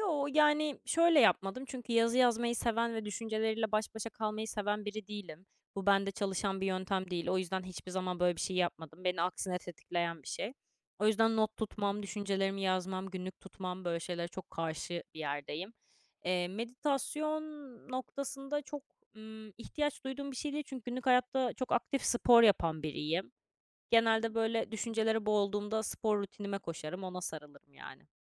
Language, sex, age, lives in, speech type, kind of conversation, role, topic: Turkish, female, 20-24, France, conversation, podcast, guest, Affetmeyi nasıl öğrendin?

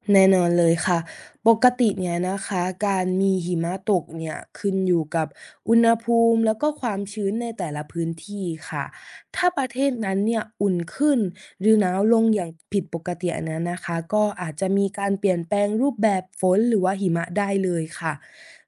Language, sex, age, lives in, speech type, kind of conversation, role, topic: Thai, female, 20-24, Thailand, read, expert-assistant, expert, ทำไมหิมะตกในบางประเทศ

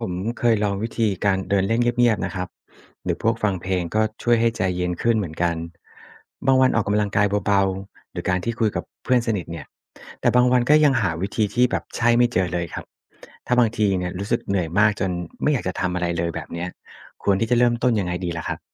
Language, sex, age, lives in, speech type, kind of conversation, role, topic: Thai, male, 35-39, Thailand, read, expert-assistant, asker, อากาศเปลี่ยนแปลงบ่อย ๆ ในฤดูฝน เหมือนกับสถานการณ์ไหนในชีวิตคนเราบ้าง?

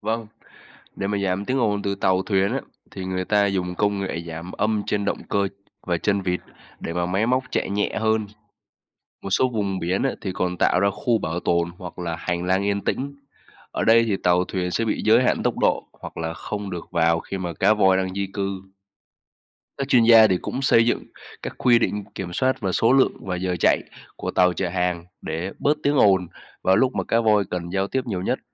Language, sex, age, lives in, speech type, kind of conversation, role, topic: Vietnamese, male, 20-24, Vietnam, read, expert-assistant, expert, Làm thế nào mà cá voi giao tiếp ở đại dương rộng lớn?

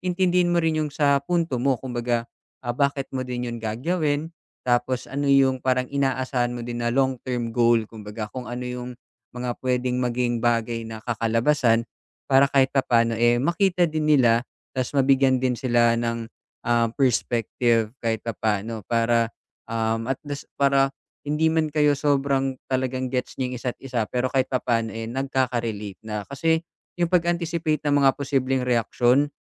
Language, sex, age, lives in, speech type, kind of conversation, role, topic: Filipino, male, 25-29, Philippines, conversation, advice, advisor, Paano ako makagagawa ng mga personal na desisyon nang hindi nasasaktan ang aking pamilya?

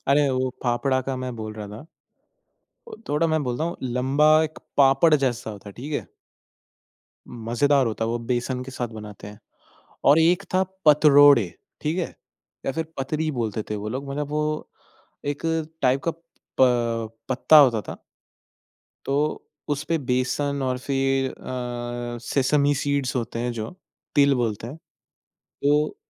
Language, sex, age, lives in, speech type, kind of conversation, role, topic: Hindi, male, 20-24, India, conversation, podcast, guest, Safar ke dauran tumhe sabse pasand aayi nayi kaunsi dish mili?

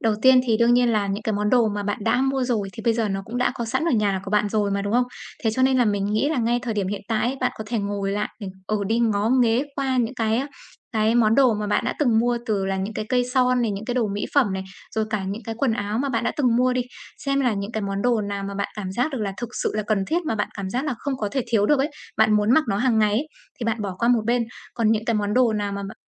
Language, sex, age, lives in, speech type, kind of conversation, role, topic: Vietnamese, female, 25-29, Vietnam, conversation, advice, advisor, Làm sao để chấp nhận và hài lòng với những đồ đạc hiện có mà không mua thêm?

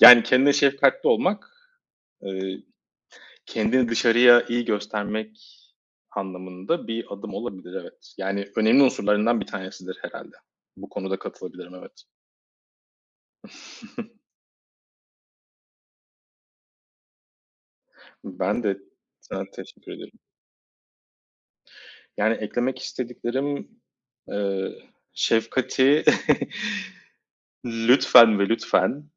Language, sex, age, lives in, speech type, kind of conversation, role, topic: Turkish, male, 35-39, Germany, conversation, unstructured, none, Kendimize karşı şefkatli olmak neden önemlidir?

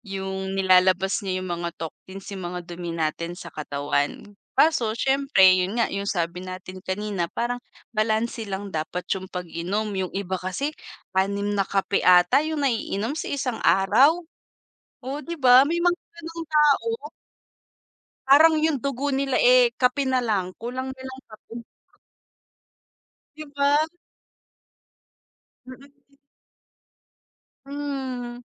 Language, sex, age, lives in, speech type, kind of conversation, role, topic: Filipino, female, 25-29, Philippines, conversation, unstructured, none, Sa pagitan ng kape at tsaa, alin ang pipiliin mo?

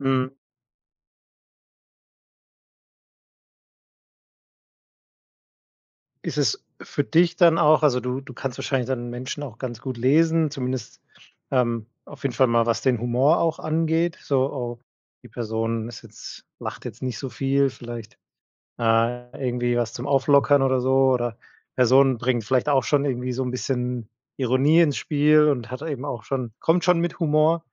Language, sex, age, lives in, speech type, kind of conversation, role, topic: German, male, 30-34, Germany, conversation, podcast, host, Wie setzt du Humor ein, damit Menschen sich miteinander verbunden fühlen?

